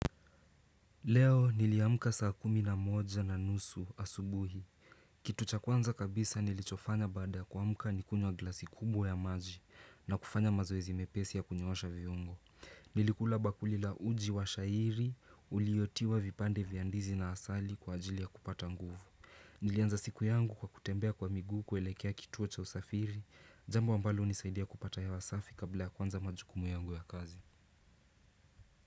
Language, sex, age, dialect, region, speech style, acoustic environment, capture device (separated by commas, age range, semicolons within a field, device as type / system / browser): Swahili, male, 18-24, Kenya - Nairobi Sheng-influenced, Kenya, relaxed, silence, desktop / Windows / Chrome